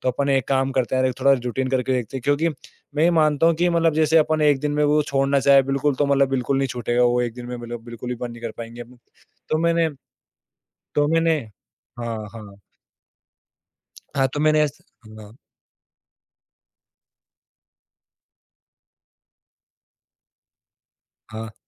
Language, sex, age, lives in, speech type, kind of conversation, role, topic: Hindi, male, 18-19, India, conversation, podcast, guest, Daily life ko simple rakhne ke practical tips kya hain?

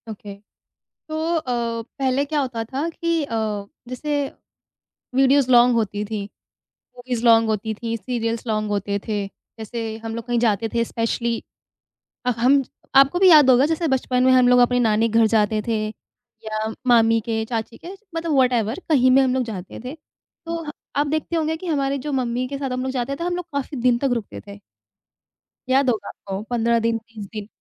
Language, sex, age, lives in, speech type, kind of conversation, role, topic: Hindi, female, 25-29, India, conversation, podcast, guest, Youth aur parents ke entertainment taste mein tumhe sabse bade farq kya nazar aate hain?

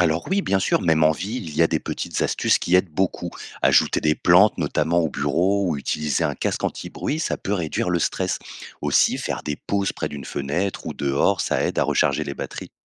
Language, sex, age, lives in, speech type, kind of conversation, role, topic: French, male, 30-34, France, read, expert-assistant, expert, Quelles idées simples pourraient rendre la vie quotidienne plus saine dans nos villes ?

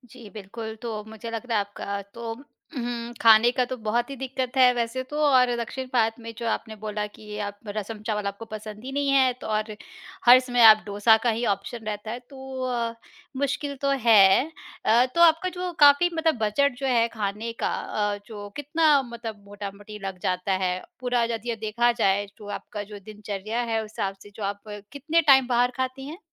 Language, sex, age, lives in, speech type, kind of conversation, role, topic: Hindi, female, 35-39, India, conversation, advice, advisor, नौकरी की वजह से रोज़ फास्ट फ़ूड खाना पड़ रहा है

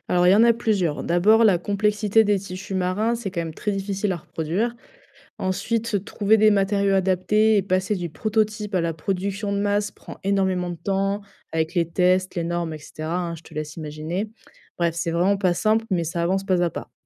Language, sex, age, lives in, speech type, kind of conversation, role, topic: French, female, 20-24, France, read, expert-assistant, expert, Comment la vie sous-marine pourrait-elle nous donner des idées pour inventer de nouveaux objets du quotidien ?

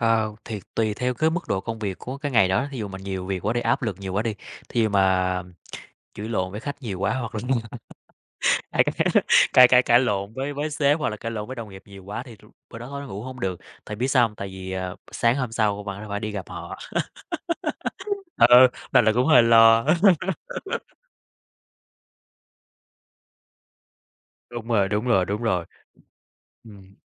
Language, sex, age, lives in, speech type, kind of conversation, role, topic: Vietnamese, male, 30-34, Vietnam, conversation, podcast, guest, Một thói quen buổi tối giúp bạn ngủ ngon là gì?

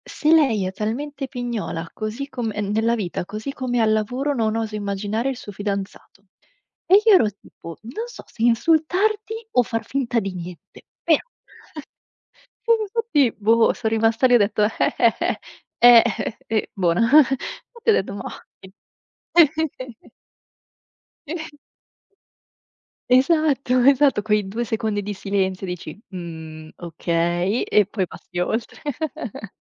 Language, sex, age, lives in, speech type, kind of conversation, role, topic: Italian, female, 25-29, Italy, conversation, unstructured, none, Qual è il complimento più bello che hai ricevuto sul lavoro?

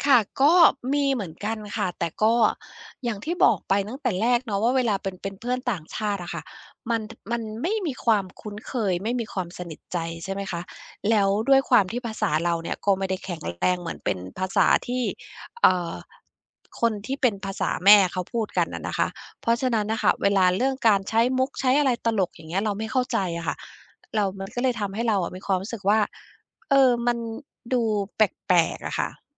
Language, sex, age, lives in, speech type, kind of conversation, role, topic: Thai, female, 50-54, United States, conversation, advice, user, จะหากลุ่มเพื่อนที่เข้าใจและรับฟังฉันได้อย่างไร?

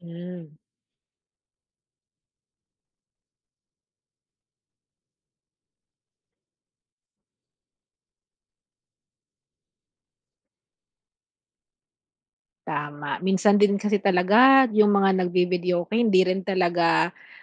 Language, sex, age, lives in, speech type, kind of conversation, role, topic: Filipino, female, 40-44, Philippines, read, expert-assistant, asker, Ano ang mga paraan upang mapanatili ang kapayapaan sa komunidad?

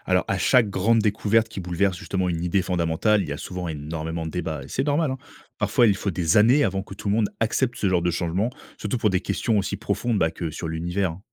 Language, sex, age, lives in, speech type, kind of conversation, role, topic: French, male, 30-34, France, read, expert-assistant, expert, Pourquoi dit-on que l’Univers a eu un début, et qu’est-ce qui aurait pu le provoquer ?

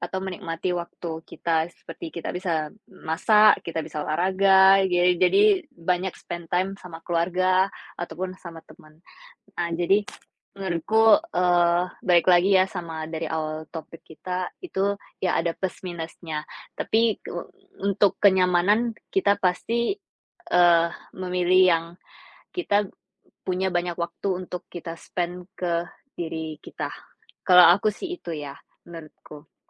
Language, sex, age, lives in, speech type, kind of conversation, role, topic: Indonesian, female, 25-29, Indonesia, conversation, unstructured, none, Apa pendapat Anda tentang bekerja dari rumah dibandingkan bekerja di kantor?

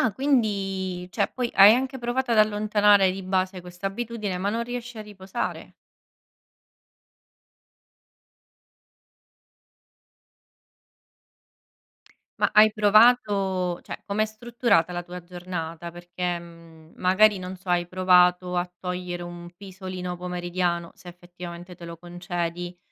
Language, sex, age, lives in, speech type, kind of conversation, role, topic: Italian, female, 30-34, Italy, conversation, podcast, host, In che modo la tecnologia influenza il tuo riposo?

